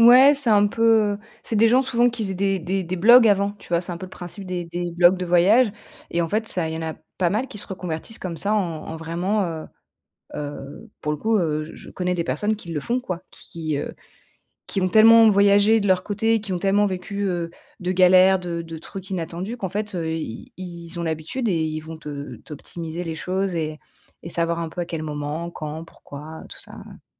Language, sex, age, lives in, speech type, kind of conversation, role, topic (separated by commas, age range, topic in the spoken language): French, female, 30-34, France, conversation, advice, advisor, Comment puis-je mieux gérer l’anxiété et le stress avant et pendant un voyage ?